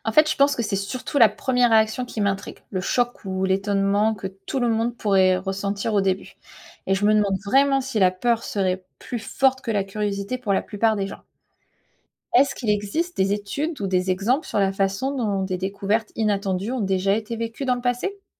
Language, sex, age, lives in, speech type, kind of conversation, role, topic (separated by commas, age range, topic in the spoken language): French, female, 35-39, United States, read, expert-assistant, asker, Découvrir une vie extraterrestre changerait-il notre vision du monde ?